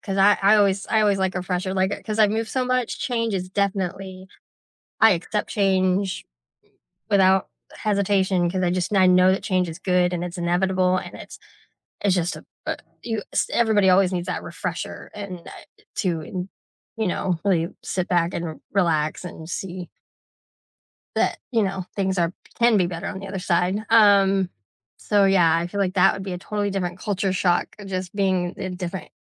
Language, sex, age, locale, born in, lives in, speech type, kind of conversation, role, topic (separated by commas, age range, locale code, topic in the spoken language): English, female, 35-39, en-US, United States, United States, conversation, unstructured, none, How has travel changed the way you see the world?